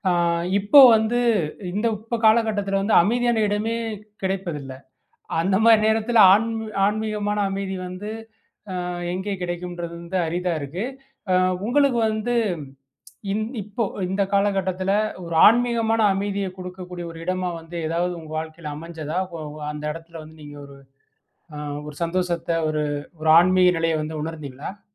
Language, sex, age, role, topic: Tamil, male, 35-39, host, எந்த இடம் உங்களுக்கு ஆன்மீக அமைதியை அளித்தது?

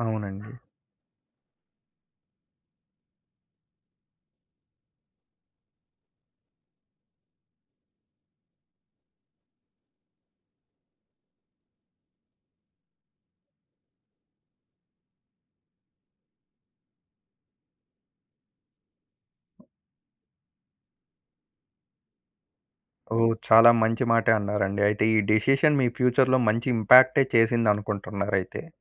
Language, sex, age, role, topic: Telugu, male, 20-24, host, సరైన సమయంలో మీరు తీసుకున్న ఒక నిర్ణయం మీ జీవితాన్ని మార్చిందా?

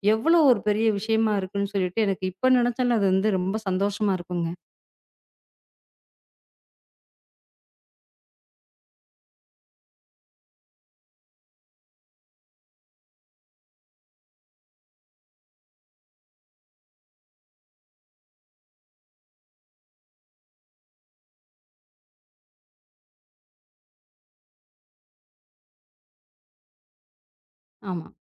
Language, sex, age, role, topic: Tamil, female, 35-39, guest, சொற்களின் மூலம் நம்பிக்கையை எப்படி உருவாக்கலாம்?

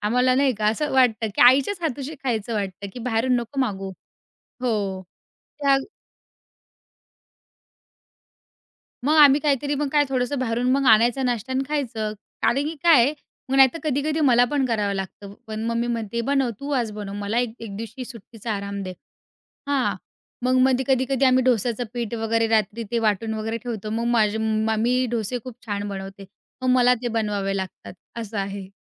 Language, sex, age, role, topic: Marathi, female, 20-24, guest, तुमच्या घरात सकाळची सुरुवात कशी होते?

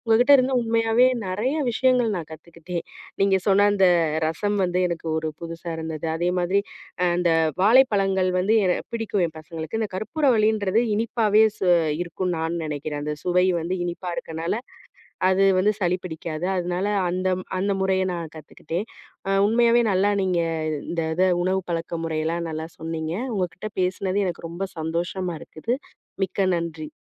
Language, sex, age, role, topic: Tamil, female, 35-39, host, பருவ மாற்றங்கள் உங்கள் உணவுப் பழக்கங்களை எப்படிப் பாதிக்கின்றன?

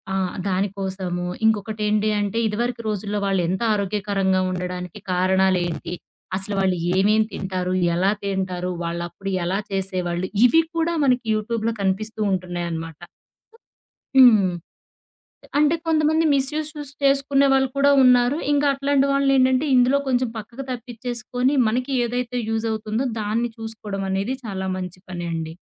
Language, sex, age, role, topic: Telugu, female, 30-34, guest, మీకు బాగా నచ్చిన పనులు సవ్యంగా చేసుకునేందుకు సహాయపడే యాప్ ఏది, ఎందుకు?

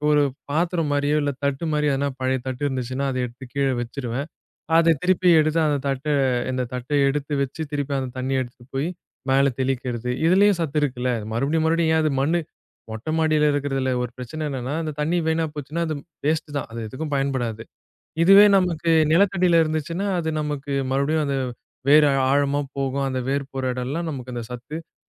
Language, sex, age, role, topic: Tamil, male, 20-24, guest, வீட்டில் உரம் தயாரிக்கும் பணியை எளிதாக எப்படித் தொடங்குவது?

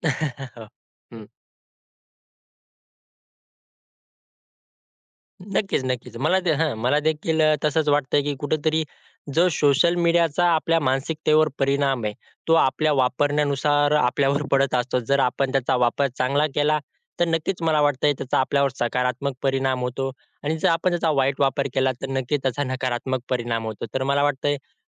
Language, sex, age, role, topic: Marathi, male, 20-24, guest, सोशल मिडियाचा तुमच्या मानसिकतेवर कसा परिणाम होतो असे तुम्हाला वाटते?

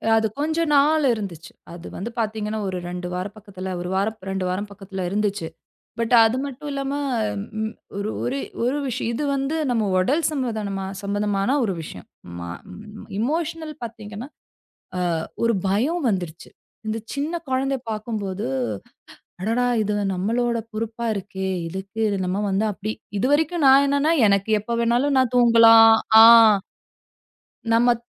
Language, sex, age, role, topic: Tamil, female, 35-39, guest, முதல்முறை பெற்றோராக மாறிய போது நீங்கள் என்ன உணர்ந்தீர்கள்?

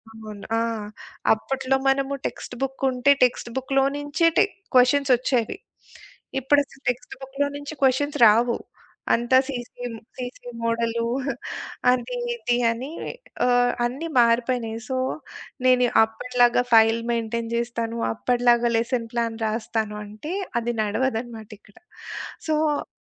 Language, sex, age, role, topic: Telugu, female, 40-44, guest, జీవితాంతం నేర్చుకోవడం మీకు ఎందుకు ముఖ్యమని అనిపిస్తుంది?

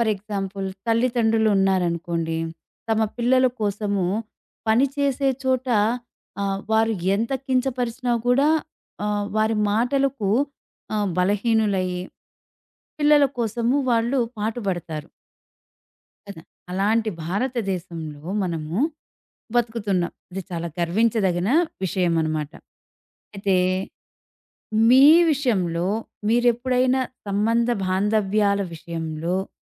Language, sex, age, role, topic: Telugu, female, 40-44, host, బలహీనతను చూపించడం సంబంధాలను మళ్లీ బలోపేతం చేస్తుందా?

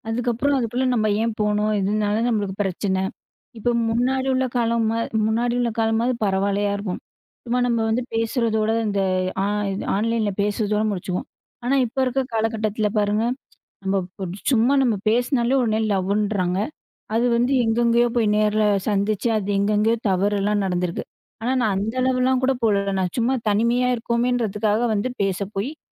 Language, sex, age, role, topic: Tamil, female, 25-29, guest, நீங்கள் ஒரு தவறு செய்தபோது, அதிலிருந்து என்ன கற்றுக்கொண்டீர்கள்?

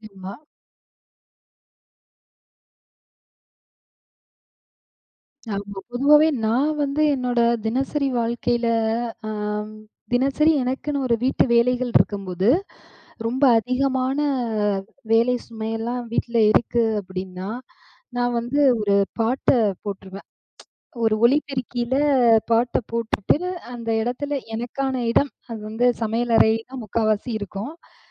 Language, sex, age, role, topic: Tamil, female, 35-39, host, நண்பர்கள் உங்கள் இசைச் சுவையை மாற்றியிருக்கிறார்களா?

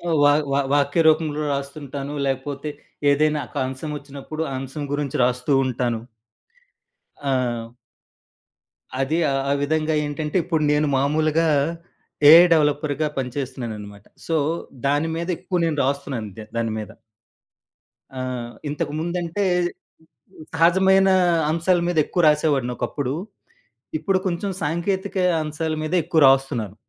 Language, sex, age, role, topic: Telugu, male, 35-39, guest, సృజనాత్మక పనిలో క్రమశిక్షణను అలవాటు చేసుకోవడానికి మీరు ఏమి చేస్తారు?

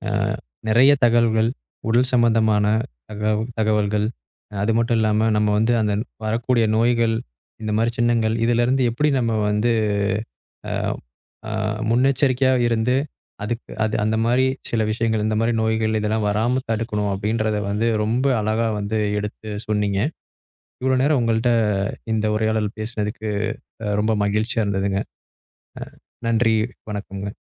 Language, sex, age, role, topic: Tamil, male, 25-29, host, உடல் அறிகுறிகளை பதிவுசெய்து கண்காணித்தால் அது உங்களுக்கு எப்படி உதவும்?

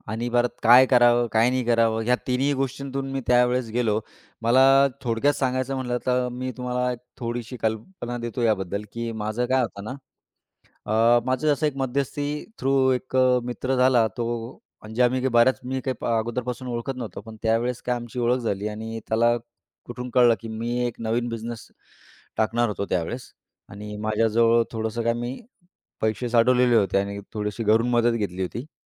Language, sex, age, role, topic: Marathi, male, 35-39, guest, तुम्ही एखाद्या चुकीच्या निर्णयातून काय शिकलात?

